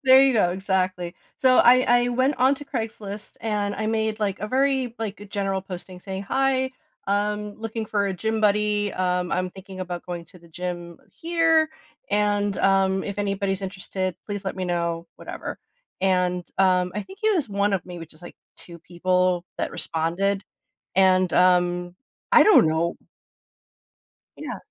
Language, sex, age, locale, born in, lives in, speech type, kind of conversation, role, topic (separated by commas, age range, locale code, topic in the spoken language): English, female, 40-44, en-US, United States, United States, conversation, advice, user, How can I cope with guilt after a loved one's death?